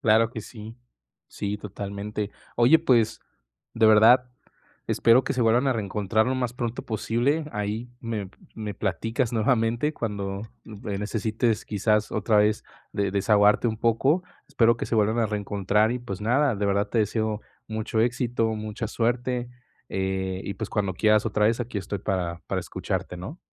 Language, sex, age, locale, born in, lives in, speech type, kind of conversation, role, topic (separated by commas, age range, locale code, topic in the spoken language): Spanish, male, 20-24, es-MX, Mexico, Mexico, conversation, advice, advisor, ¿Cómo puedo sostener mis amistades en tiempos de incertidumbre?